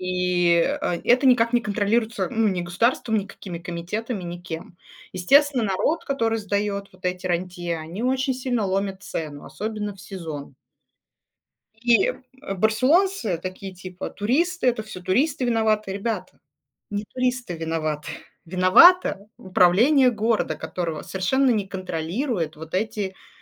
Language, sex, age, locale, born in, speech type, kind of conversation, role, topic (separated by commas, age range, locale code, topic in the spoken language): Russian, female, 35-39, ru-RU, Russia, conversation, unstructured, none, Что для тебя важнее — быть похожим на других или выделяться?